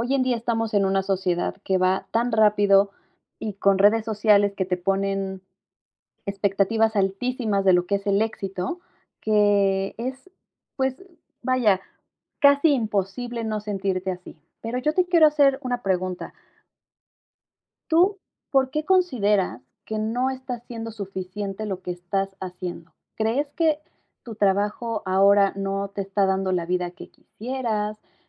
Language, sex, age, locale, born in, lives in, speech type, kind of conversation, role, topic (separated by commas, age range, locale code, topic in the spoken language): Spanish, female, 30-34, es-MX, Mexico, Mexico, conversation, advice, advisor, ¿Cómo puedo aceptar cumplidos sin minimizar mis logros personales?